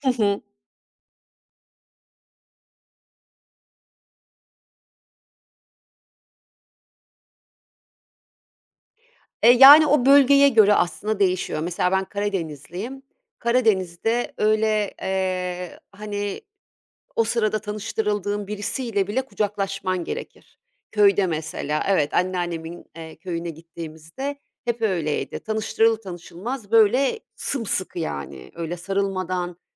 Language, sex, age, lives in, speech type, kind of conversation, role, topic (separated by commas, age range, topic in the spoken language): Turkish, female, 50-54, Italy, conversation, podcast, guest, Tokalaşma, sarılma gibi samimi temaslar bize ne anlatır?